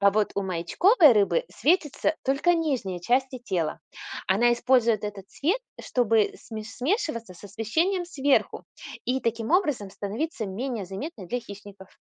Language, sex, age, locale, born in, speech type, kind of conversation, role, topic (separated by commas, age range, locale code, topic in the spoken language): Russian, female, 40-44, ru-RU, Russia, read, expert-assistant, expert, Почему у некоторых рыб светятся тела